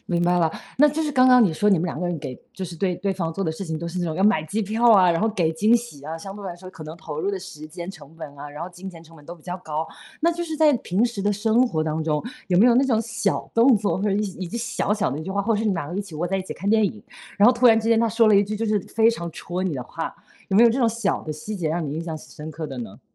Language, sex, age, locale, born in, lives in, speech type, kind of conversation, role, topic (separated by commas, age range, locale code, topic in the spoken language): Chinese, female, 35-39, zh-CN, China, United States, conversation, podcast, host, 你能分享一次让你特别感动的瞬间吗？